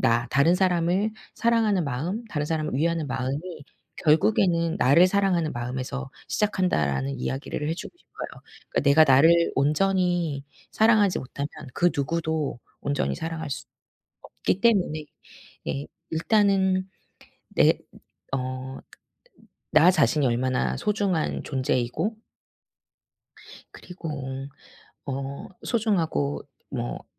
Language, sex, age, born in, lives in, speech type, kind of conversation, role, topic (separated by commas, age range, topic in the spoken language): Korean, female, 40-44, South Korea, South Korea, conversation, podcast, guest, 관계 속에서도 나를 잃지 않으려면 어떻게 해야 하나요?